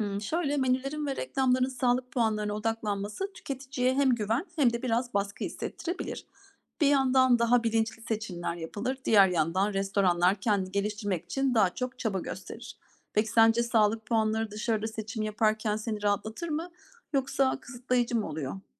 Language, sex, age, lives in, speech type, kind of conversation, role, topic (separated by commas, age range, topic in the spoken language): Turkish, female, 40-44, Germany, read, expert-assistant, expert, Bir gün marketlerde tüm yiyeceklerin üzerinde gıda güvenliğiyle ilgili puanlama sistemi olsa, tüketici tercihleri ve gıda sektörü nasıl değişirdi?